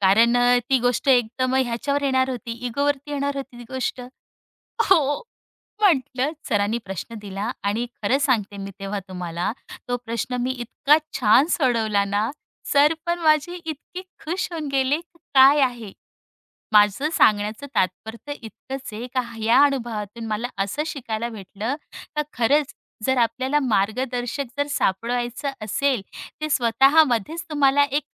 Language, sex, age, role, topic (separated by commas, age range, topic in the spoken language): Marathi, female, 35-39, guest, आवडता मार्गदर्शक शोधण्यासाठी सोपे आणि प्रभावी उपाय कोणते आहेत?